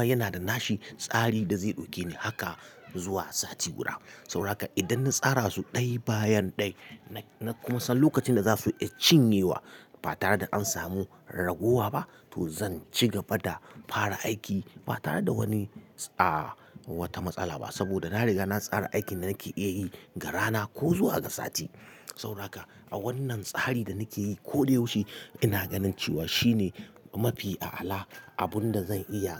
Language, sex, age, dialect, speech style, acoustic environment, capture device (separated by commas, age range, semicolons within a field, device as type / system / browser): Hausa, male, 35-44, Nigeria - Katsina, happy; relaxed; excited; focused, silence, mobile / Linux / Chrome